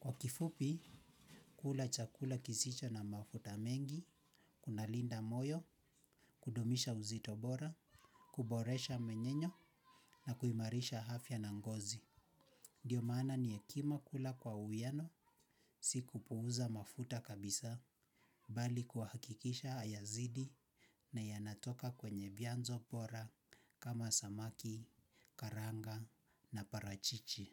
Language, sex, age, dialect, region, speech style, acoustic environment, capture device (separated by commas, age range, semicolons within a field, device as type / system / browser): Swahili, male, 25-34, Kenya - Nairobi Sheng-influenced, Kenya, relaxed, silence; nature, mobile / Linux / Chrome